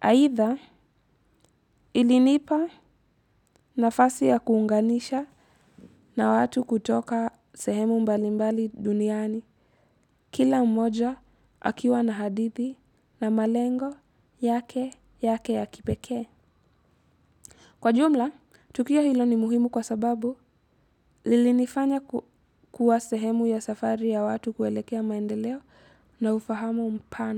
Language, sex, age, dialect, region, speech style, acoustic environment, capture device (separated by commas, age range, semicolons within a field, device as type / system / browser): Swahili, female, 18-24, Kenya - Mombasa, Kenya, happy, silence, mobile / Linux / Chrome